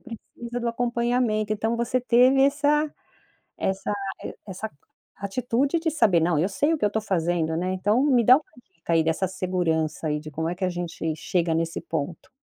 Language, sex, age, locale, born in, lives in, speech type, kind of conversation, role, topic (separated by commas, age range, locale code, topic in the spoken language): Portuguese, female, 55-59, pt-BR, Brazil, United States, conversation, podcast, host, Que exercícios você recomendaria para quem tem pouco tempo?